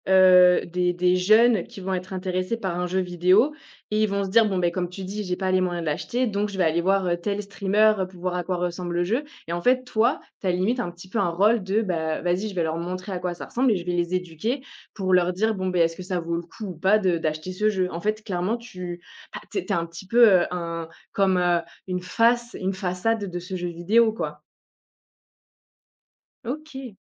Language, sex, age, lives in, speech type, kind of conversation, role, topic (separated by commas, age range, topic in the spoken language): French, female, 25-29, France, conversation, podcast, host, Quel projet créatif rêves-tu encore de réaliser ?